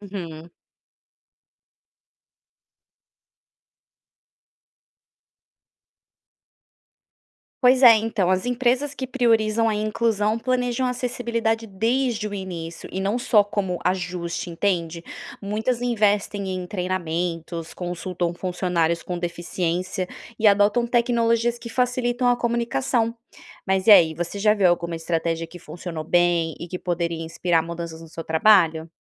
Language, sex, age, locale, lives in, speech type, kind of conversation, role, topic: Portuguese, female, 30-34, pt-BR, Spain, read, expert-assistant, expert, Você sabe de algum livro ou documentário que conte histórias reais de pessoas em diferentes posições na sociedade brasileira?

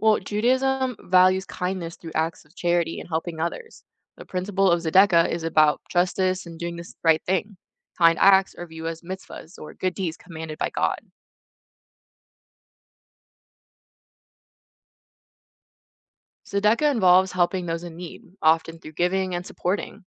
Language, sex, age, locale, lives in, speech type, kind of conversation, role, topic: English, female, 20-24, en-US, United States, read, expert-assistant, expert, How do various religions define kindness?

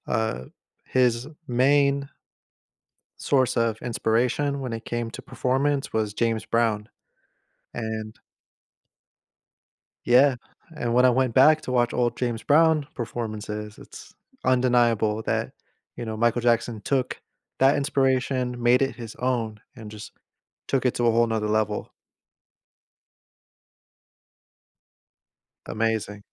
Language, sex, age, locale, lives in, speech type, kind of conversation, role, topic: English, male, 30-34, en-US, United States, conversation, podcast, host, How do live concerts shape your connection to music?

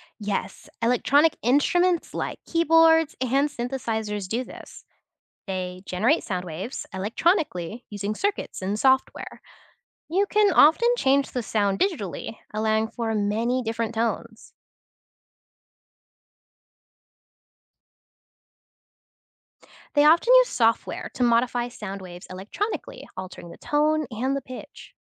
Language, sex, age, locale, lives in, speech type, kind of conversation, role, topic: English, other, 20-24, en-US, United States, read, expert-assistant, expert, How do different musical instruments produce sound?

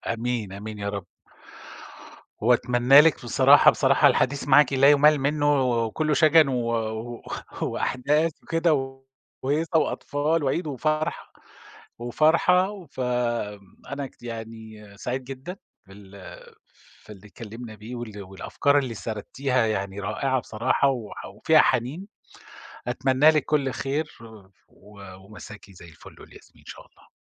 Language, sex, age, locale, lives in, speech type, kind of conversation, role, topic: Arabic, male, 50-54, ar-SA, Germany, conversation, podcast, host, احكيلي عن تقليد عائلي إنت مش قادر تستغنى عنه؟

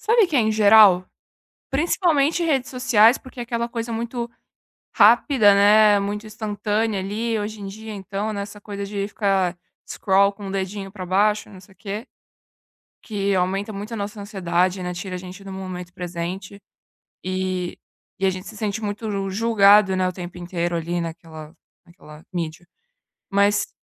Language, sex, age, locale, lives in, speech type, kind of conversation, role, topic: Portuguese, female, 20-24, pt-BR, Italy, conversation, podcast, guest, O que te ajuda a encontrar a sua voz artística?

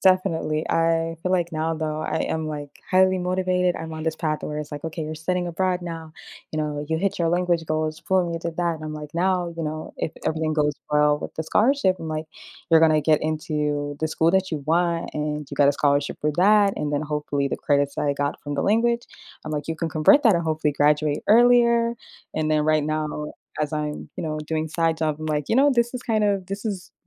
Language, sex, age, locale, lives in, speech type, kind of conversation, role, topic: English, female, 25-29, en-US, United States, conversation, advice, user, How can I stop feeling like I'm missing out and focus on what matters to me?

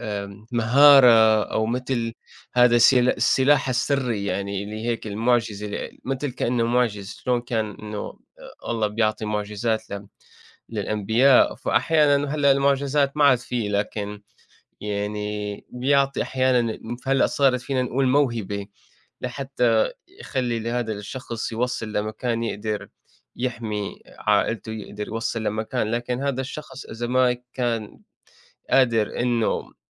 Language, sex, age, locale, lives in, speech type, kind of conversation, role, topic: Arabic, male, 25-29, ar-SY, United States, conversation, unstructured, none, إيه أكتر ذكرى بتحبها من حفلة موسيقية حضرتها؟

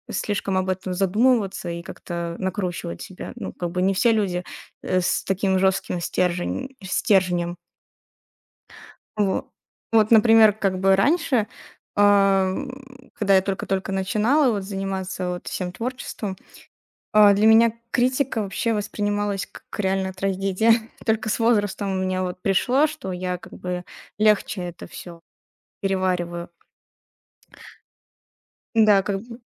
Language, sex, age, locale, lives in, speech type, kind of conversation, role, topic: Russian, female, 20-24, ru-RU, Estonia, conversation, podcast, guest, Как ты работаешь с критикой своего творчества?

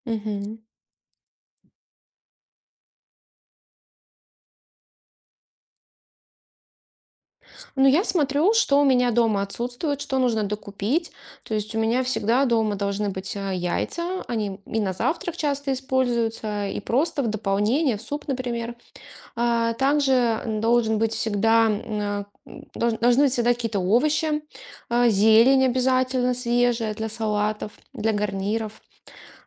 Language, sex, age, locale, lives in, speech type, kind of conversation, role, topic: Russian, female, 35-39, ru-RU, Estonia, conversation, podcast, guest, Как вы выбираете продукты в магазине?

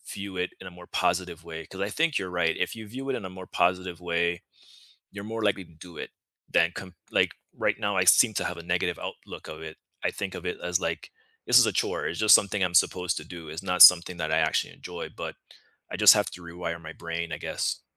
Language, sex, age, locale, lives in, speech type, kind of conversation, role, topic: English, male, 35-39, en-US, United States, conversation, unstructured, none, What fitness habits truly stick for you, and how can friends help you stay consistent and connected?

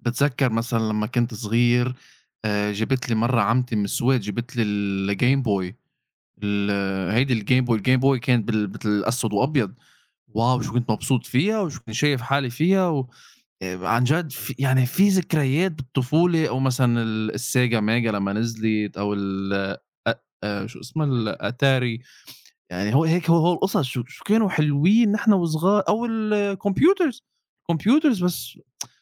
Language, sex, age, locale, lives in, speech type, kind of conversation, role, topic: Arabic, male, 30-34, ar-SA, United States, conversation, unstructured, none, إيه أجمل ذكرى من طفولتك؟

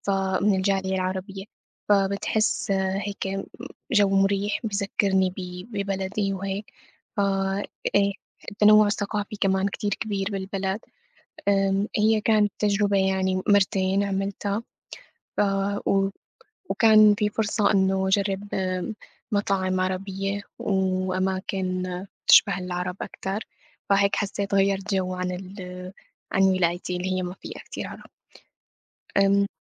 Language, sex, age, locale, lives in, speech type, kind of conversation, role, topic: Arabic, female, 25-29, ar-SY, United States, conversation, unstructured, none, إيه أحسن مكان زرته وليه شايفه مميز؟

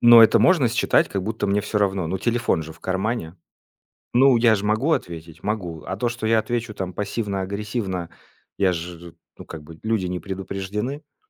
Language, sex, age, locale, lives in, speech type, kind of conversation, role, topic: Russian, male, 30-34, ru-RU, Estonia, conversation, podcast, guest, Как текстовые сообщения могут искажать смысл?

